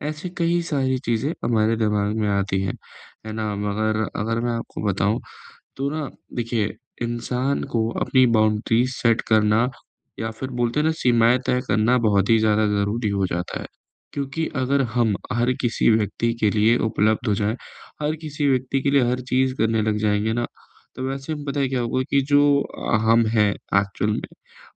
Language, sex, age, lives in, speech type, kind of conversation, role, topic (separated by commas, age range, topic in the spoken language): Hindi, male, 25-29, India, conversation, advice, advisor, मैं सीमाएँ बनाकर और बनाए रखकर लोगों की नाराज़गी के बावजूद कैसे सहज रह सकता/सकती हूँ?